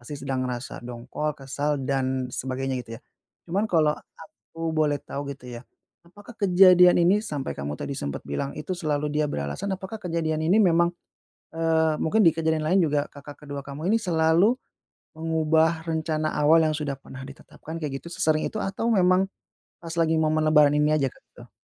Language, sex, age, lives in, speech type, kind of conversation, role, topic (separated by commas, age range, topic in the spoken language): Indonesian, male, 30-34, Indonesia, conversation, advice, advisor, Bagaimana cara menjaga keharmonisan keluarga saat nilai dan pandangan berbeda di acara keluarga?